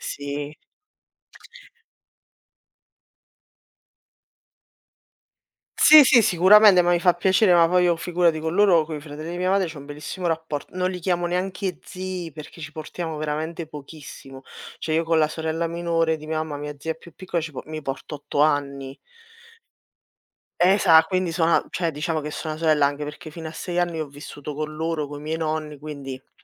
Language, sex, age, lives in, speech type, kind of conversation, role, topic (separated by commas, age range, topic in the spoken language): Italian, female, 30-34, Italy, conversation, unstructured, none, Quale esperienza ti ha cambiato completamente il modo di vedere la vita?